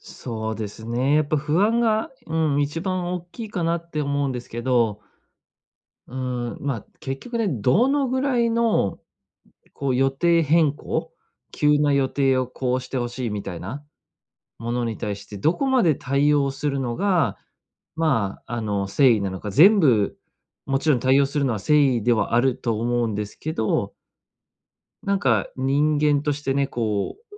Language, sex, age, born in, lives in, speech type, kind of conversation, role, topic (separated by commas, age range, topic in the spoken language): Japanese, male, 30-34, Japan, Japan, conversation, advice, user, 急な予定変更があったとき、柔軟に対応するにはどうすればよいですか？